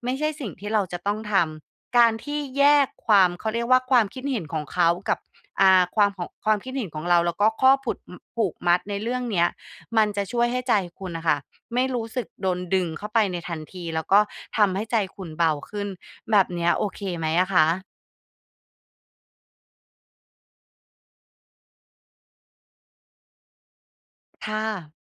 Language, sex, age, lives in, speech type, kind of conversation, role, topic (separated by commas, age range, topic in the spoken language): Thai, female, 35-39, Thailand, conversation, advice, advisor, ฉันจะรับมือกับบทสนทนาเรื่องค่านิยมในงานครอบครัวอย่างไร?